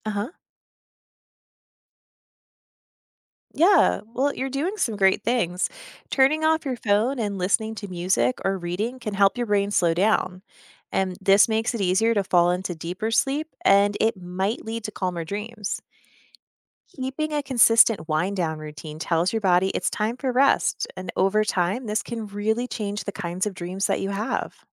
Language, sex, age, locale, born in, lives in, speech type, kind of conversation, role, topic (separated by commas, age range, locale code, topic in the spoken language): English, female, 35-39, en-US, United States, United States, read, expert-assistant, expert, What affects the way we dream and remember our dreams?